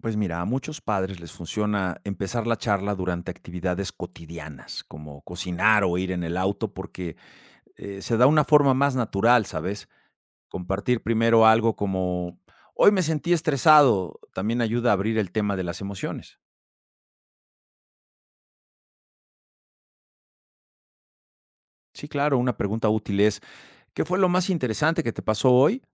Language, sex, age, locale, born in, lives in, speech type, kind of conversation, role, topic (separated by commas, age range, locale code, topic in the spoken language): Spanish, male, 50-54, es-MX, Mexico, Mexico, read, expert-assistant, expert, Si quiero aprender más sobre salud mental, ¿qué lugares o recursos me recomendarías para empezar?